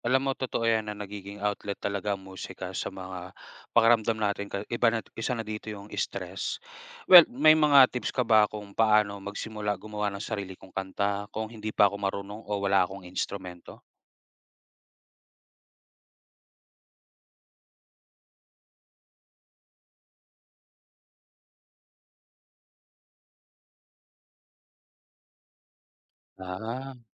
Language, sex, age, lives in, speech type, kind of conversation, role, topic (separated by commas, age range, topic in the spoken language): Filipino, male, 30-34, Philippines, read, expert-assistant, asker, Paano nakakatulong ang musika sa pagpapahayag ng damdamin?